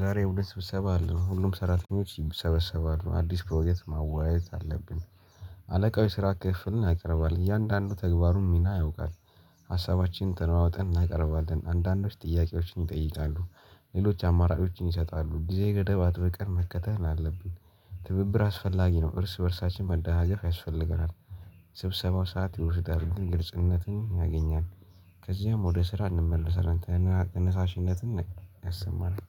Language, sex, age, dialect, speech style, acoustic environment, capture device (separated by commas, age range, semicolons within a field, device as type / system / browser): Amharic, male, 18-24, Ethiopia - Addis Ababa, happy, people talking, mobile / Linux / Chrome